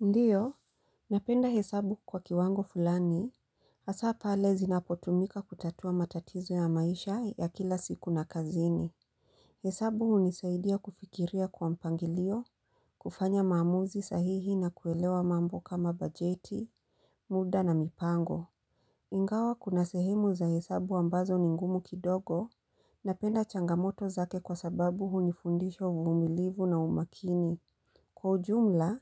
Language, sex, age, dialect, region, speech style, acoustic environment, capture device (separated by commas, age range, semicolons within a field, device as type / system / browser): Swahili, female, 35-44, Kenya - Mombasa, Kenya, focused, silence, mobile / Linux / Chrome